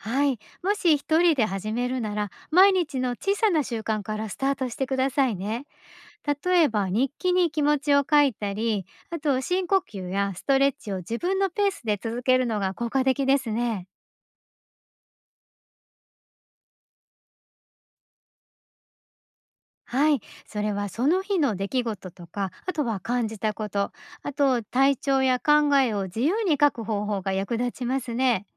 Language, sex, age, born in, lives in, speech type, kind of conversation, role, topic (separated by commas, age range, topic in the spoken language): Japanese, female, 50-54, Japan, Japan, read, expert-assistant, expert, なぜストレスを感じやすい人と、あまり感じない人がいるのでしょうか？